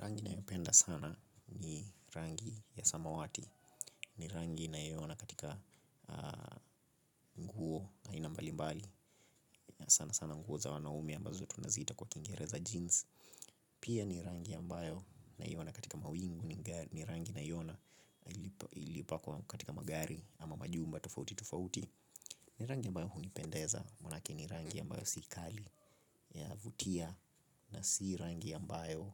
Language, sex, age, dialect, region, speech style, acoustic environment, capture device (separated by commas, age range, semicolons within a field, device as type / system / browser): Swahili, male, 25-34, Kenya - Nairobi Sheng-influenced, Kenya, relaxed, silence, mobile / Linux / Chrome